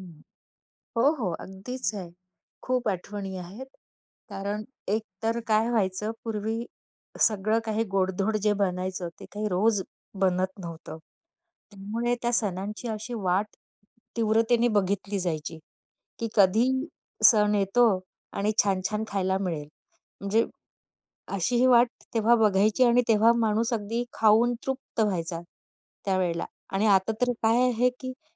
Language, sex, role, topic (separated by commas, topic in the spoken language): Marathi, female, guest, सणानिमित्त घरात बनवलेल्या मिष्टान्नांबद्दल तुमच्या कोणत्या आठवणी आहेत?